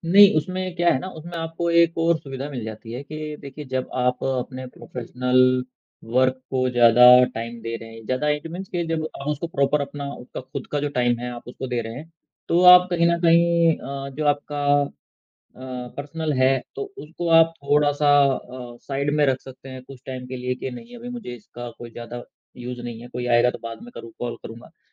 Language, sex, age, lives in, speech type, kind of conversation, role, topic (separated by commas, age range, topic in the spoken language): Hindi, male, 35-39, India, conversation, podcast, guest, Professional aur personal messages ko alag kaise rakhte ho?